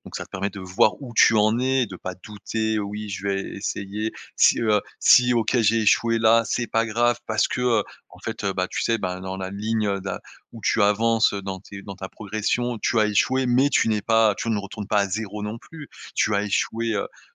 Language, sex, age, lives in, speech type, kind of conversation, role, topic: French, male, 30-34, France, conversation, advice, advisor, Surmonter la peur d'échouer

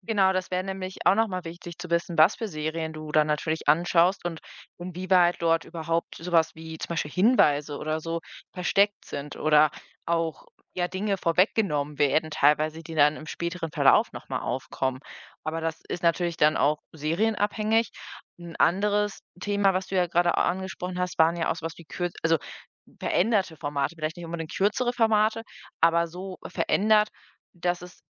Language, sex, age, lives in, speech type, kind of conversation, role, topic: German, female, 25-29, Germany, conversation, podcast, host, Wie hat Streaming deiner Meinung nach unser Mediennutzungsverhalten verändert?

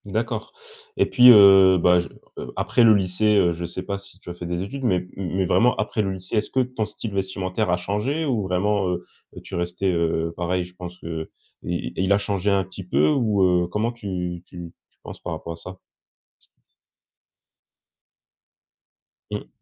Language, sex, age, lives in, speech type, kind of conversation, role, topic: French, male, 25-29, France, conversation, podcast, host, Comment tes goûts ont-ils évolué entre le collège et le lycée ?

